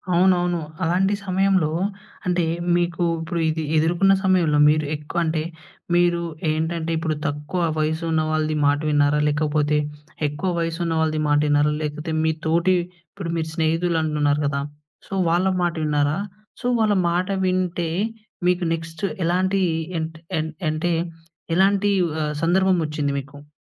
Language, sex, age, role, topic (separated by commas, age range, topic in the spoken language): Telugu, male, 20-24, host, ఇతరుల మాట వింటున్నప్పుడు మీకు అత్యంత ముఖ్యంగా అనిపించేది ఏమిటి?